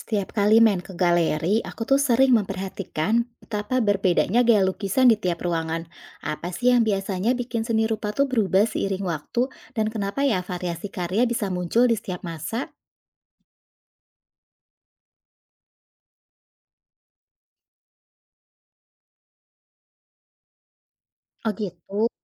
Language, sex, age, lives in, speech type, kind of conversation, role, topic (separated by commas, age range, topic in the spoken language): Indonesian, female, 35-39, Indonesia, read, expert-assistant, asker, Bagaimana cara seni rupa berubah mengikuti perkembangan zaman, dan apa saja variasi yang muncul di setiap masa?